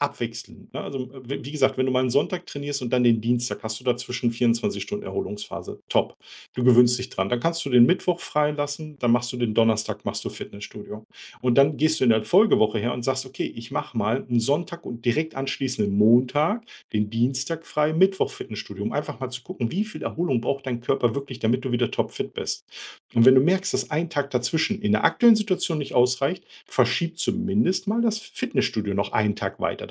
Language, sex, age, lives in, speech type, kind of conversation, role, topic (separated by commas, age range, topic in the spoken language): German, male, 45-49, Germany, conversation, advice, advisor, Woran erkenne ich Übertraining und warum bin ich ständig erschöpft?